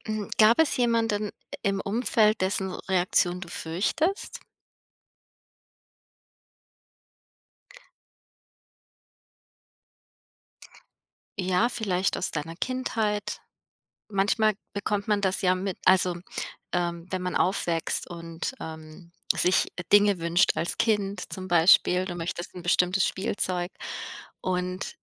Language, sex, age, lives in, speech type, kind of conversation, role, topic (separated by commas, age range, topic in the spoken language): German, female, 35-39, United States, conversation, advice, advisor, Wie gehe ich mit Schuldgefühlen nach einem teuren Kauf um?